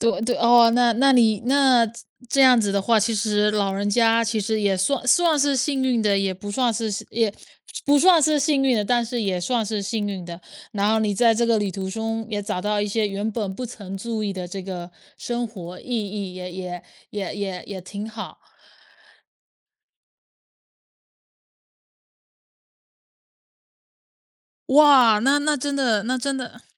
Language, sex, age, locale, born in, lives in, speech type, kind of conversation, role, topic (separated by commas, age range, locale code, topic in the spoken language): Chinese, female, 25-29, zh-CN, China, United States, conversation, podcast, host, 你最难忘的一次旅行经历是什么？